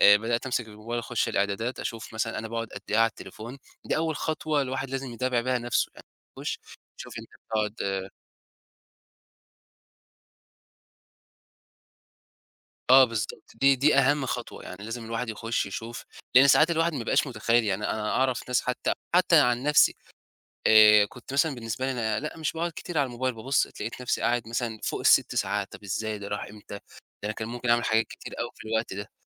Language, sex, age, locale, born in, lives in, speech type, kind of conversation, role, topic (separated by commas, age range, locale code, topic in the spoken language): Arabic, male, 20-24, ar-EG, Egypt, Egypt, conversation, podcast, guest, إزاي بتواجه إدمان الموبايل أو الإنترنت؟